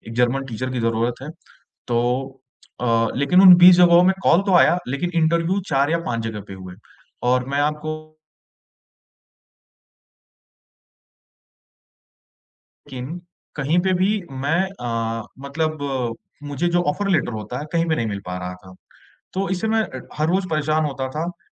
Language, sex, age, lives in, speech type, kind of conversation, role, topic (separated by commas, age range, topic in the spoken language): Hindi, male, 25-29, India, conversation, advice, user, जब सब कुछ अनिश्चित लगे, तो बदलाव के बीच छोटी-छोटी सफलताओं से उम्मीद कैसे बनाए रखें?